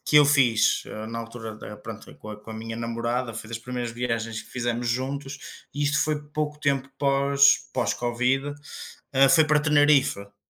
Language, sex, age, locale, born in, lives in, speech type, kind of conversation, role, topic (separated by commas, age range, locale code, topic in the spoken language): Portuguese, male, 25-29, pt-PT, Portugal, Portugal, conversation, unstructured, none, O que faz uma viagem ser realmente inesquecível para você?